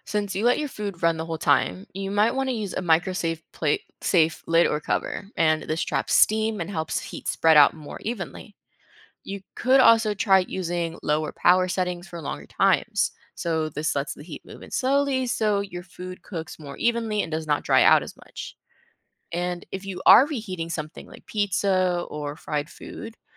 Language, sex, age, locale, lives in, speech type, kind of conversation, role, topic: English, female, 20-24, en-US, United States, read, expert-assistant, expert, How can you safely and effectively use a microwave oven to heat food?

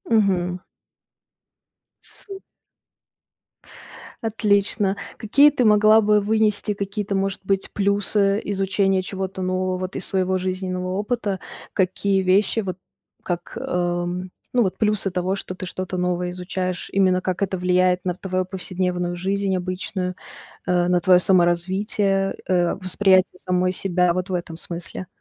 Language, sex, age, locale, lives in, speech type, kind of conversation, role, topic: Russian, female, 20-24, ru-RU, Germany, conversation, podcast, host, Как вы подходите к изучению чего-то совершенно нового?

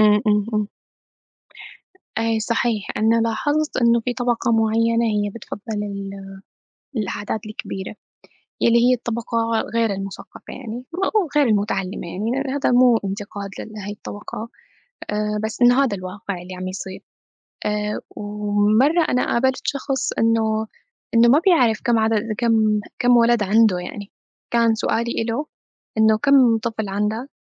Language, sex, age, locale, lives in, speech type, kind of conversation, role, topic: Arabic, female, 25-29, ar-SY, United States, conversation, unstructured, none, بتفضل العيلة الكبيرة ولا العيلة الصغيرة؟ وليه؟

